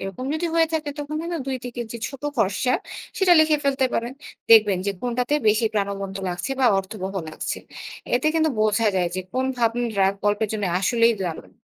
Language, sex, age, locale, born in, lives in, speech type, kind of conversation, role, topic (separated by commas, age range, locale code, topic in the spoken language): Bengali, female, 25-29, bn-BD, Bangladesh, Bangladesh, read, expert-assistant, expert, সৃজনশীল লেখা শুরু করার সময় নানা আইডিয়া ও প্লটের ভিড়ে কীভাবে মূল বিষয়টা ঠিকঠাক রাখা যায়?